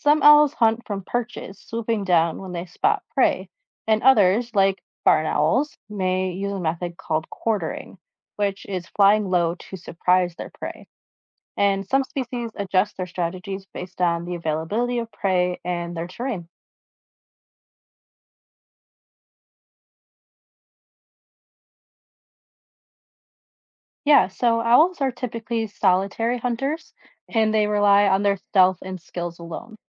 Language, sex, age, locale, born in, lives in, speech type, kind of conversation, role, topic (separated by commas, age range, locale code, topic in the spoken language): English, female, 25-29, en-US, United States, United States, read, expert-assistant, expert, What makes an owl such a good hunter?